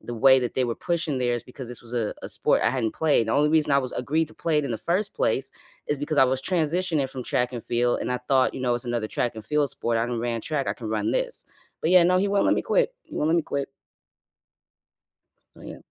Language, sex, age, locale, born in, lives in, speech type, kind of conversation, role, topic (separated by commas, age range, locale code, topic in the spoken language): English, female, 30-34, en-US, United States, United States, conversation, unstructured, none, Who is a mentor who shaped your career, and how did they help you?